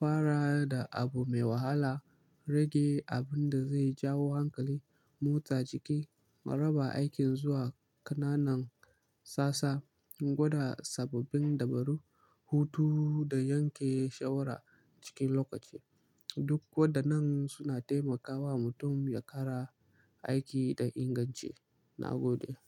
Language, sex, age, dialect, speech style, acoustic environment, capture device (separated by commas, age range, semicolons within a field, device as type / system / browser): Hausa, male, 18-24, Nigeria - Kano, relaxed, silence, mobile / Linux / Chrome